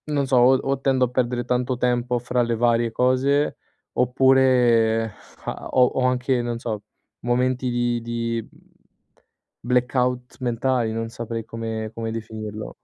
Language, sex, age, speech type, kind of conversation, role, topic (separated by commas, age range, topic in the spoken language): Italian, male, 25-29, conversation, advice, user, Come posso usare i vincoli di tempo per essere più creativo?